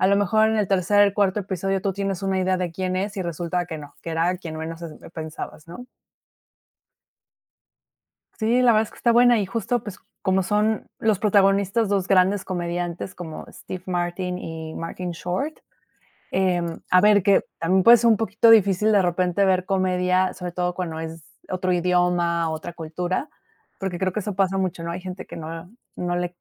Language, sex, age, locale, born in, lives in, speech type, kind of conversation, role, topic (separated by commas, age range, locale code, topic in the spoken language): Spanish, female, 30-34, es-MX, Mexico, Mexico, conversation, podcast, guest, ¿Qué sueles hacer cuando te sientes solo?